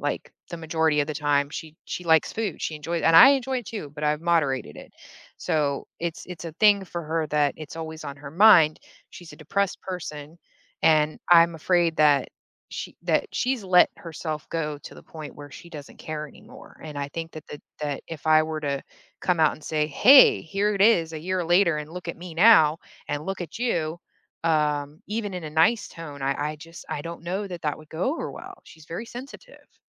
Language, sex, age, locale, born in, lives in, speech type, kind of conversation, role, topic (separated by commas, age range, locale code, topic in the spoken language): English, female, 35-39, en-US, Germany, United States, conversation, advice, user, How can I express disagreement without starting an argument?